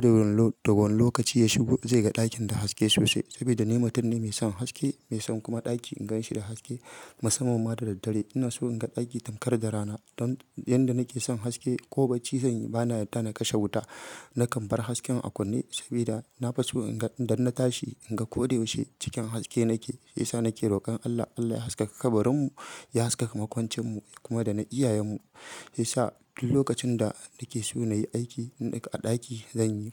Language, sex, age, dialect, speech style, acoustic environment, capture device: Hausa, female, 25-34, Nigeria - Kano, happy; excited, silence, mobile / Linux / Chrome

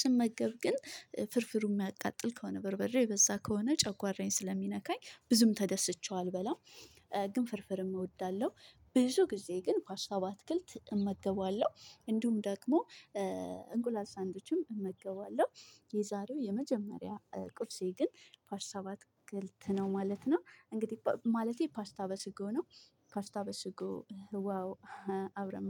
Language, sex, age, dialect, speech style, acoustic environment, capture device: Amharic, female, 25-34, Ethiopia - Addis Ababa, stressed; focused; happy; relaxed, people talking, mobile / Linux / Chrome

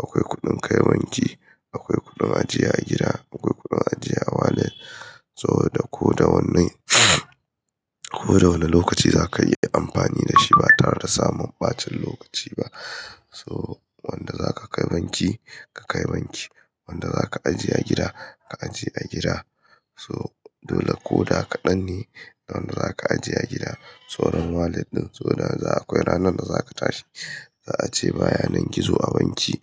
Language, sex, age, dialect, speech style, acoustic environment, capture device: Hausa, male, 25-34, Nigeria - Kano, happy; excited, people talking; construction, mobile / Linux / Chrome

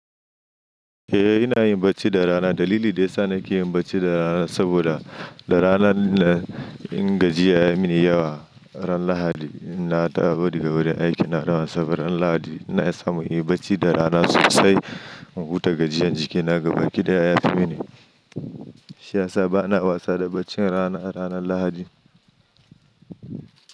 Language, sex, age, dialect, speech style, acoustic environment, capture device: Hausa, male, 25-34, Nigeria - Nigerian Standard, happy, silence; nature, mobile / Linux / Chrome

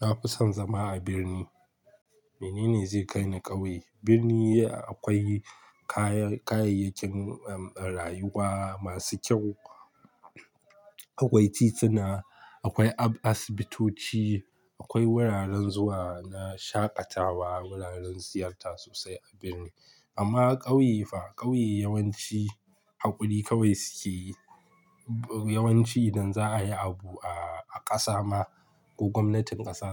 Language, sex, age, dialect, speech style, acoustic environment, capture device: Hausa, male, 25-34, Nigeria - Kano, relaxed, silence; nature, mobile / Linux / Chrome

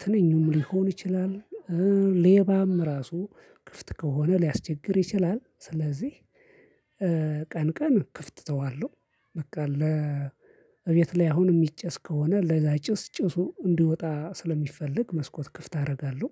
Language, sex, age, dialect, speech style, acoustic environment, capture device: Amharic, male, 25-34, Ethiopia - Addis Ababa, focused, silence, mobile / Linux / Chrome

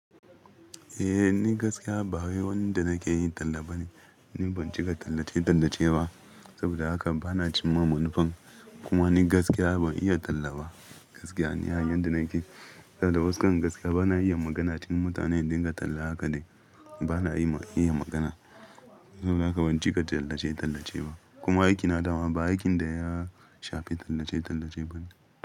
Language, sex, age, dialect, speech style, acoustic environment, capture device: Hausa, male, 25-34, Nigeria - Ibadan, happy, appliances, mobile / Linux / Chrome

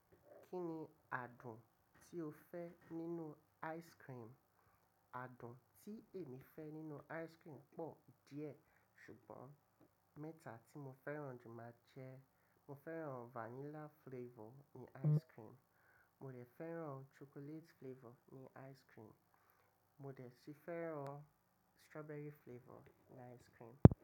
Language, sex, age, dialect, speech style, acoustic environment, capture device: Yoruba, male, 25-34, Nigeria - Lagos Yoruba, relaxed, nature, mobile / macOS / Safari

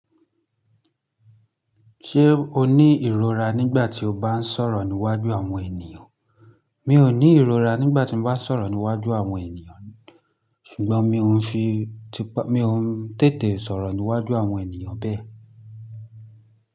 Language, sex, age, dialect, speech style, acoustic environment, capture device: Yoruba, male, 25-34, Nigeria - Lagos Yoruba, focused, silence, mobile / Linux / Chrome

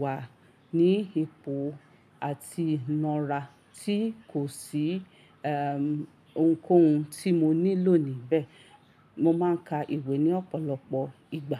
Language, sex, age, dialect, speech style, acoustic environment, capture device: Yoruba, female, 35-44, Nigeria - Ibadan, relaxed, silence, mobile / Linux / Chrome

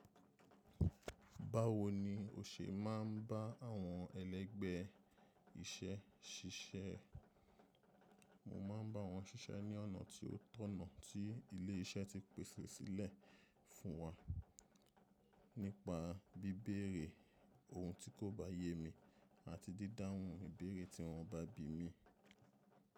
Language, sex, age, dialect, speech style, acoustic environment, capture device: Yoruba, male, 25-34, Nigeria - Nigerian Standard, happy; relaxed, silence, mobile / macOS / Safari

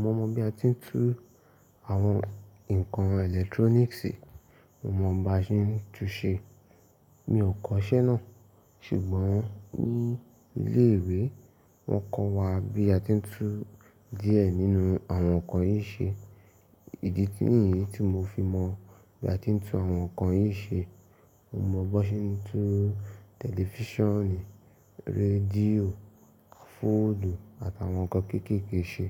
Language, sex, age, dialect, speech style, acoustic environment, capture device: Yoruba, male, 18-24, Nigeria - Ibadan, focused, nature, mobile / Linux / Chrome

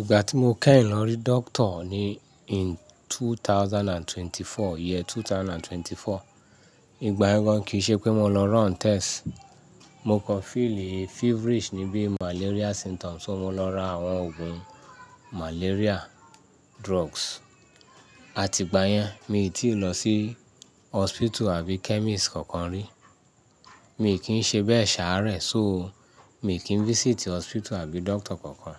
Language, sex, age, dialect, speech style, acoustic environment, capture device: Yoruba, male, 35-44, Nigeria - Ibadan, happy, nature, mobile / Linux / Chrome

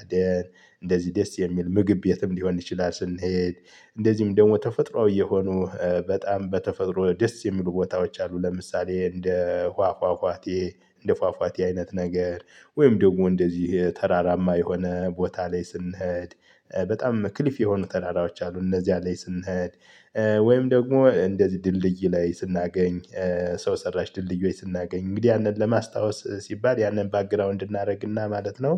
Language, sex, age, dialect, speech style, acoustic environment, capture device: Amharic, male, 35-44, Ethiopia - Gojjam, happy, nature, mobile / Linux / Chrome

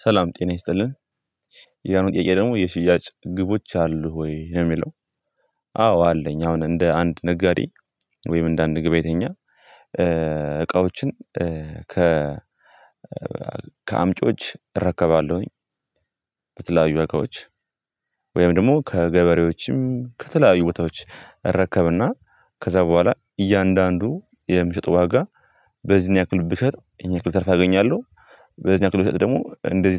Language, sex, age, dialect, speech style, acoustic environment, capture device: Amharic, male, 18-24, Ethiopia - Gondar, happy; nervous; anxious, people talking; nature; silence, mobile / Linux / Chrome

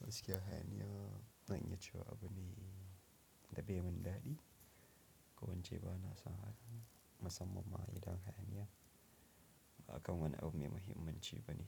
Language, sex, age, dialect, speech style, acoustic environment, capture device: Hausa, male, 25-34, Nigeria - Nigerian Standard, happy; relaxed; focused; excited, nature; silence, mobile / Linux / Chrome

